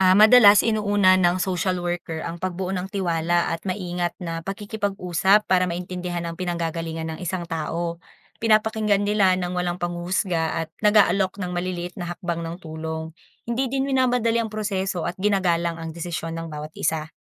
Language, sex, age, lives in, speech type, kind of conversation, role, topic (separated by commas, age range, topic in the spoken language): Filipino, female, 25-29, Philippines, read, expert-assistant, expert, Paano nakakatulong ang tamang pagpaplano ng social worker sa pagharap sa krisis ng isang pamilya? Anong mga hakbang ang karaniwang sinusunod nila?